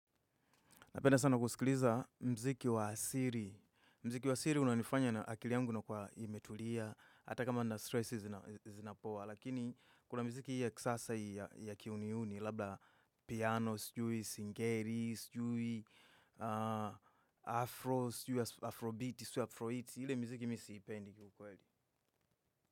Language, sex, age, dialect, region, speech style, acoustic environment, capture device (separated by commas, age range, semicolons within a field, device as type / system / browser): Swahili, male, 25-34, Tanzania - Dar es Salaam, Tanzania, focused, nature, desktop / Windows / Chrome